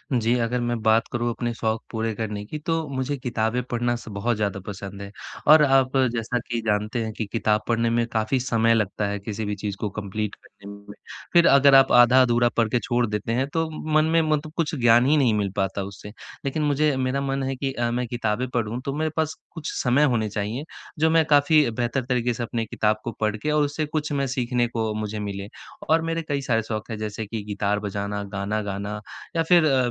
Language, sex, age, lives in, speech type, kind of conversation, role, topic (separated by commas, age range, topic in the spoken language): Hindi, male, 20-24, India, conversation, advice, user, मैं अपने शौक के लिए समय कैसे निकाल सकता/सकती हूँ?